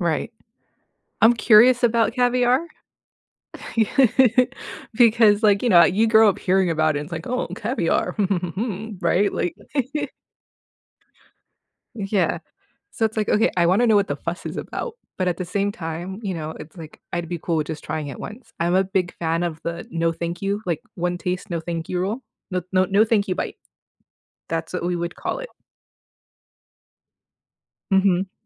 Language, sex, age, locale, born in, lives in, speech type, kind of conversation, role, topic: English, female, 35-39, en-US, United States, United States, conversation, unstructured, none, Why do people care so much about what others eat?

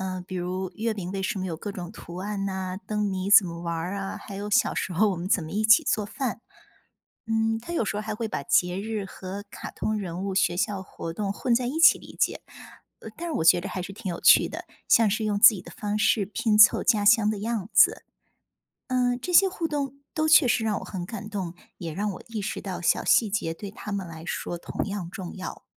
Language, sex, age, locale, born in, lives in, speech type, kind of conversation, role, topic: Chinese, female, 40-44, zh-CN, China, United States, read, expert-assistant, asker, 你觉得家乡的风俗和节日对你来说意味着什么？如果没有这些传统，你会有什么不同的感受？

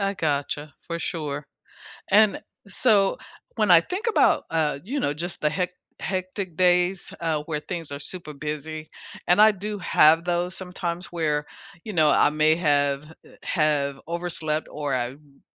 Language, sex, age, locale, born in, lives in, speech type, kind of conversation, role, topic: English, female, 65-69, en-US, United States, United States, conversation, unstructured, none, What does a balanced morning routine look like for you, and what makes it meaningful?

